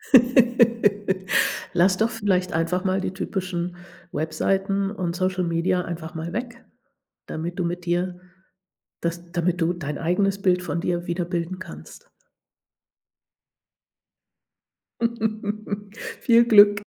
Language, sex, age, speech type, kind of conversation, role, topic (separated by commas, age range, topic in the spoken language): German, female, 60-64, conversation, advice, advisor, Wie erlebst du den Druck, einem bestimmten Schönheits- oder Kleidungsstandard zu entsprechen?